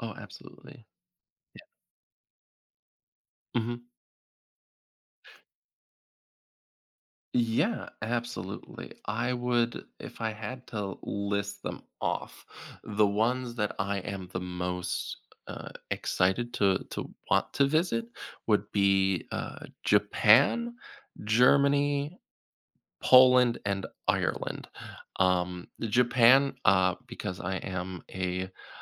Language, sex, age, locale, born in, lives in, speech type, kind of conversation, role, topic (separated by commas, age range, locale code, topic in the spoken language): English, male, 30-34, en-US, United States, United States, conversation, podcast, guest, How does experiencing new places change your understanding of other cultures?